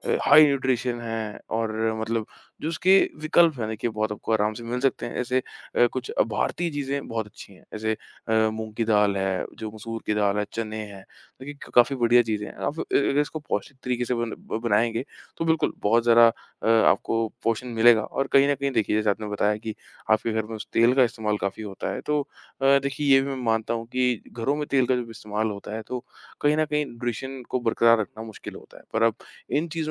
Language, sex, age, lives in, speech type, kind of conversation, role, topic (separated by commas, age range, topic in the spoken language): Hindi, male, 25-29, India, conversation, advice, advisor, कम खर्च में संतुलित और पौष्टिक भोजन कैसे बना सकता/सकती हूँ?